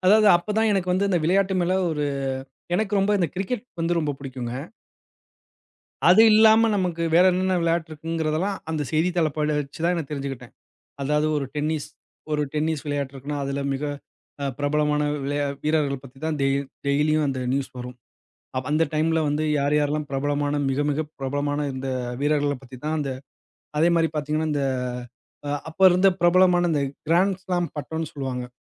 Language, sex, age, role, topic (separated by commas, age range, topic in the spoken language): Tamil, male, 35-39, guest, உங்கள் சுயஅறிவை வளர்த்த ஒரு பழக்கம் என்ன?